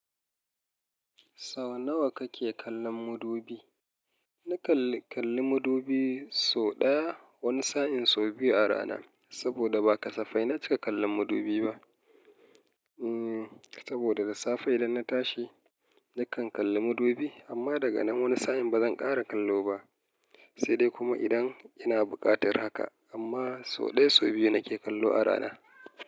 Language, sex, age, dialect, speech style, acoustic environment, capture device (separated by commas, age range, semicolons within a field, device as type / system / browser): Hausa, male, 35-44, Nigeria - Kano, happy; relaxed; excited, nature, mobile / Linux / Chrome